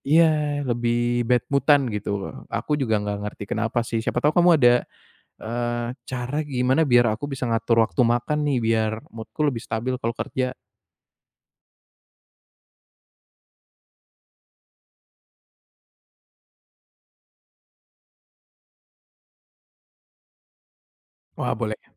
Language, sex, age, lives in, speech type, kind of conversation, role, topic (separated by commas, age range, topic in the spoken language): Indonesian, male, 25-29, Indonesia, conversation, advice, user, Bagaimana cara mengatur waktu makan agar suasana hati lebih stabil?